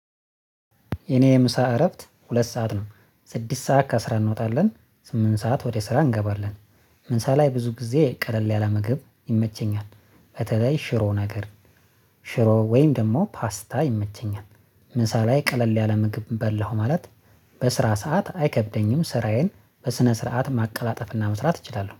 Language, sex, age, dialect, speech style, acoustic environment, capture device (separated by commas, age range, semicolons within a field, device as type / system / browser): Amharic, male, 25-34, Ethiopia - Addis Ababa, happy, silence; nature, mobile / Linux / Chrome